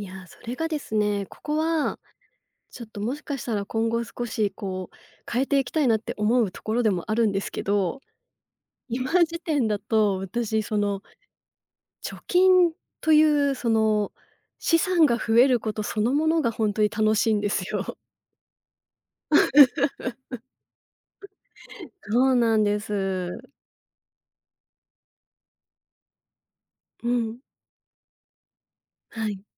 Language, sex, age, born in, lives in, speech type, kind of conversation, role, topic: Japanese, female, 35-39, Japan, Japan, conversation, podcast, guest, 貯金を優先すべきか、それとも今を楽しむべきか、どう考えますか？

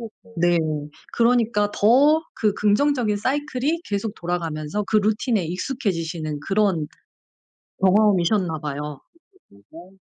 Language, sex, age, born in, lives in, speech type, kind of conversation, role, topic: Korean, female, 40-44, South Korea, South Korea, conversation, podcast, host, 소셜 미디어 때문에 피로감을 느낄 때, 솔직히 어떻게 대처하시나요?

